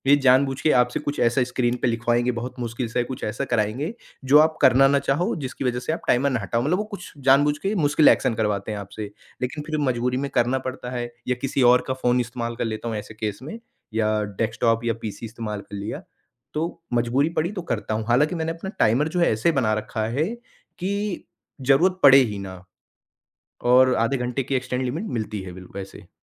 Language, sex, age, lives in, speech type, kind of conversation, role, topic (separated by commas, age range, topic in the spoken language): Hindi, male, 40-44, India, conversation, podcast, guest, Screen time ko realistically kaise reduce karte ho, batao?